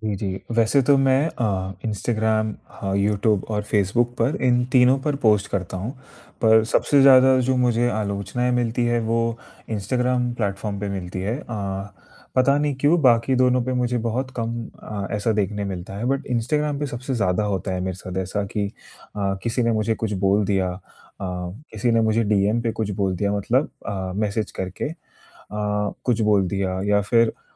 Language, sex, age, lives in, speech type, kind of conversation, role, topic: Hindi, male, 20-24, India, conversation, advice, user, सोशल मीडिया पर आलोचना मिलने पर आपको गुस्सा और उदासी क्यों महसूस होती है?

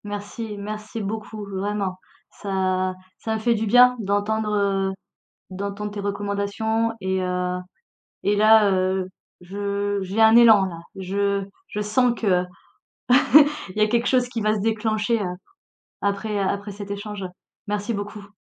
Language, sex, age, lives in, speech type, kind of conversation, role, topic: French, female, 40-44, France, conversation, advice, user, Pourquoi ai-je du mal à commencer un projet important ?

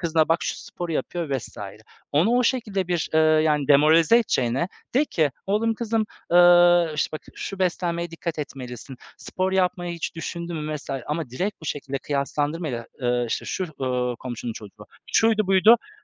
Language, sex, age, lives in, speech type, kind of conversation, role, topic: Turkish, male, 35-39, Poland, conversation, unstructured, none, Spor yaparken çevrenden gelen olumsuz yorumların üstesinden nasıl gelebilirsin?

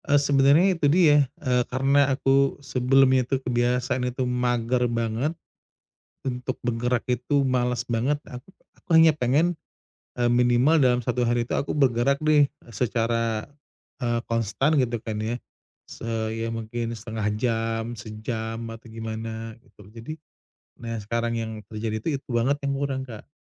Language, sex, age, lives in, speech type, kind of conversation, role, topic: Indonesian, male, 35-39, Indonesia, conversation, advice, user, Bagaimana saya bisa tetap konsisten mengambil langkah-langkah kecil setiap hari?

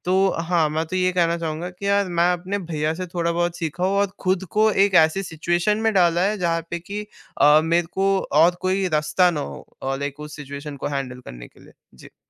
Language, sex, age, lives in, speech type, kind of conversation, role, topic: Hindi, male, 18-19, India, conversation, podcast, guest, Apne aaraam ke daayre se baahar nikalne ka sabse behtareen tareeka kya hai?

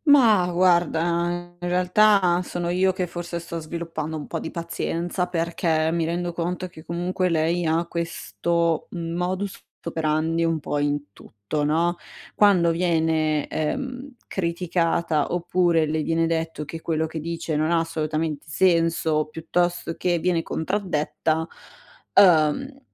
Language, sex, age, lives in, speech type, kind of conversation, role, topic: Italian, female, 60-64, Italy, conversation, advice, user, Come posso riconoscere e far rispettare i miei confini personali?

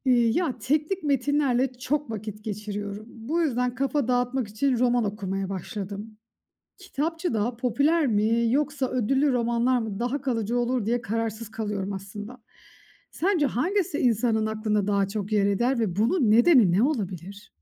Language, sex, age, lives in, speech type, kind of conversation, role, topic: Turkish, female, 35-39, Austria, read, expert-assistant, asker, Popüler romanlar mı yoksa ödüllü romanlar mı daha çok akılda kalıyor? Neden?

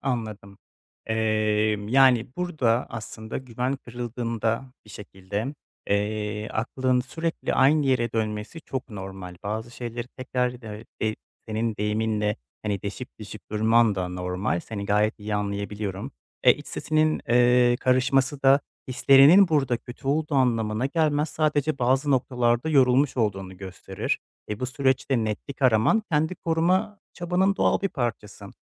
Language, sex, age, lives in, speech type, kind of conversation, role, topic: Turkish, male, 25-29, Poland, conversation, advice, advisor, Partnerime karşı güvenimi kaybettim ve sadakatsizlikten şüpheleniyorum; ne hissediyorum ve bu durumu nasıl anlatabilirim?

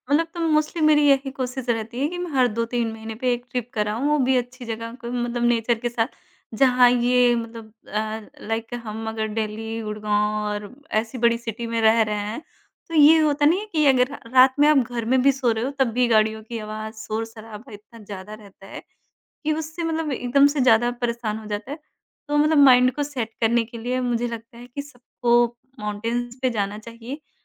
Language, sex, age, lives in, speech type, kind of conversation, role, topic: Hindi, male, 30-34, India, conversation, podcast, guest, Kis chhoti si jijnasa ne tumhare liye ek bada adventure shuru kar diya?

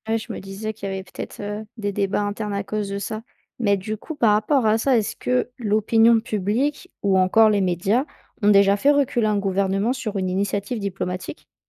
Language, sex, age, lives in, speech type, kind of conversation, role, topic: French, female, 20-24, France, read, expert-assistant, asker, Quand deux pays ne s’entendent pas, vaut-il mieux parler directement ou passer par une organisation internationale ?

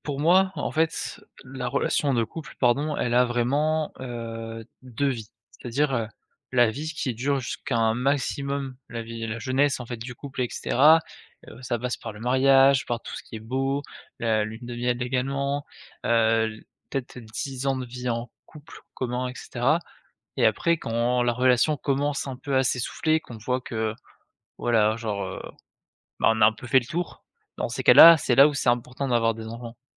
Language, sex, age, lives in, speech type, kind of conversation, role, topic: French, male, 20-24, France, conversation, podcast, guest, Comment préserver la relation de couple quand on a des enfants ?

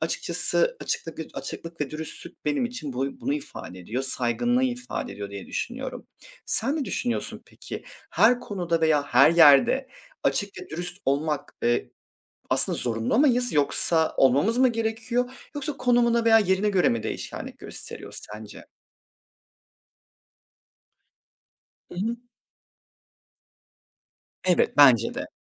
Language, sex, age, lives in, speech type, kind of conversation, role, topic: Turkish, male, 30-34, Poland, conversation, unstructured, none, Açık ve dürüst konuşmak nasıl bir fark yaratır?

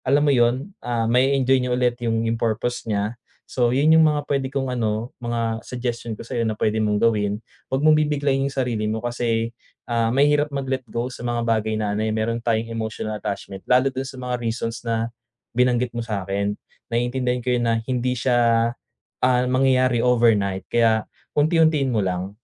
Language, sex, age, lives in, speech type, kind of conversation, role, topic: Filipino, male, 25-29, Philippines, conversation, advice, advisor, Paano ko pagpapasyahan kung alin sa mga gamit ko ang dapat panatilihin at alin ang dapat bitawan?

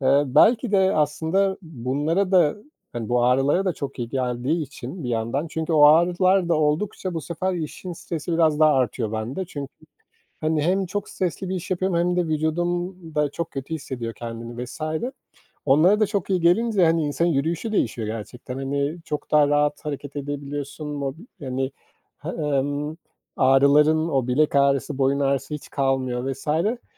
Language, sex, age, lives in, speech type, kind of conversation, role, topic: Turkish, male, 40-44, Portugal, conversation, podcast, guest, Stresle baş etmene hangi hobiler yardımcı oluyor, örnek verebilir misin?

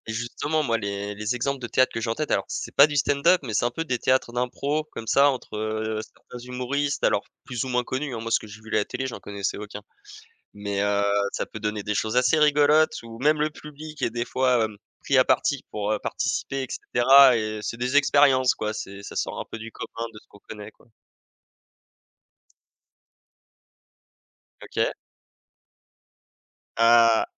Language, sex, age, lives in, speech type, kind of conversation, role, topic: French, male, 25-29, France, conversation, unstructured, none, Qu’est-ce qui te plaît le plus dans ta ville ou ton quartier ?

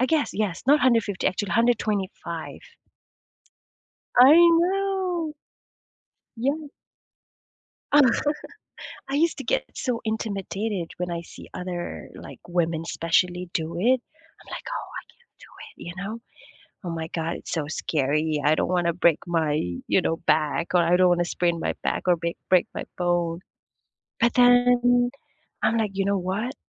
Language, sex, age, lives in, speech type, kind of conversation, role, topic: English, female, 25-29, United States, conversation, unstructured, none, What’s the most unexpected thing you’ve learned from a hobby?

